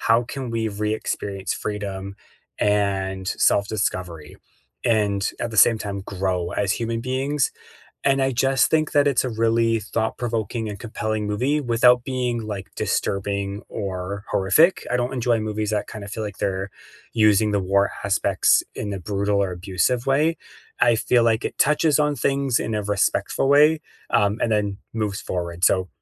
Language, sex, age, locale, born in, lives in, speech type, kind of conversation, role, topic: English, male, 35-39, en-CA, Canada, Canada, conversation, unstructured, none, What is the most underrated movie you would recommend to everyone?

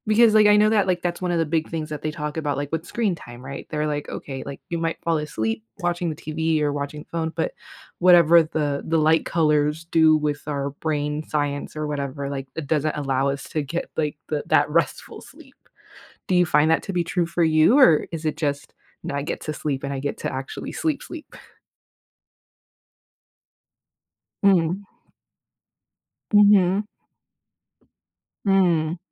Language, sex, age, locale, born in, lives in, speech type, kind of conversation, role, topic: English, female, 35-39, en-US, United States, United States, conversation, podcast, host, How can small changes in your daily routine lead to better sleep quality?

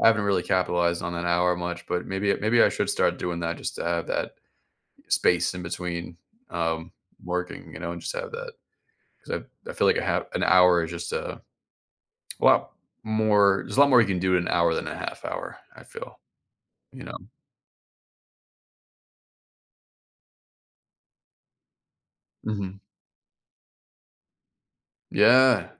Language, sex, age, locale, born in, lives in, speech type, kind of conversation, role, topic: English, male, 30-34, en-US, United States, United States, conversation, advice, user, How can I break out of a monotonous routine and feel motivated again?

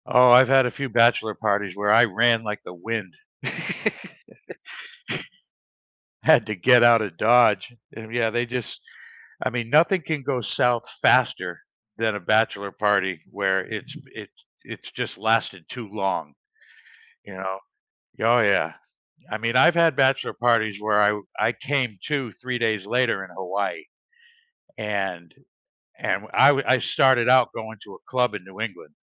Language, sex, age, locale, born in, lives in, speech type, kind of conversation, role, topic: English, male, 55-59, en-US, United States, United States, conversation, unstructured, none, How do you celebrate other people's wins, big or small, in everyday life?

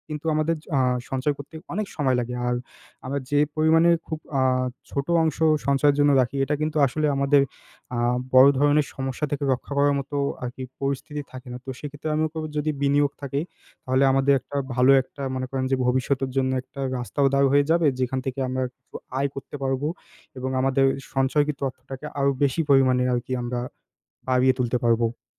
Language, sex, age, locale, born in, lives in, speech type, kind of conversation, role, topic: Bengali, male, 20-24, bn-BD, Bangladesh, Bangladesh, conversation, unstructured, none, অর্থ সঞ্চয় করা কেন গুরুত্বপূর্ণ?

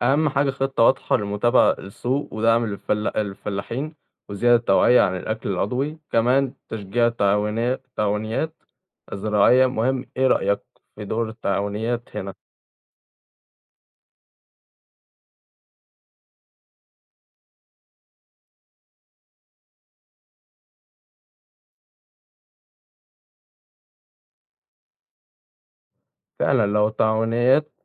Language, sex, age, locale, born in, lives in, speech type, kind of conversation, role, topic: Arabic, male, 18-19, ar-EG, Egypt, Egypt, read, expert-assistant, asker, تفتكر لو كل الفلاحين في مصر قرروا يزرعوا بس محاصيل عضوية، إيه اللي كان هيحصل في الاقتصاد، وصحة الناس، وأسعار الأكل؟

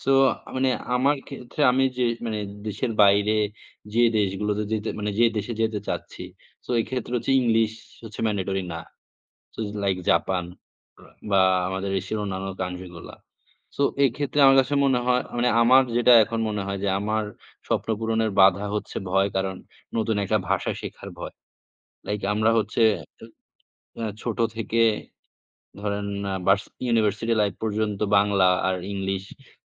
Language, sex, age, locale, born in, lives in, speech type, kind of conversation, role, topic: Bengali, male, 20-24, bn-BD, Bangladesh, Bangladesh, conversation, unstructured, none, তোমার স্বপ্ন পূরণের পথে সবচেয়ে বড় বাধা কী হতে পারে?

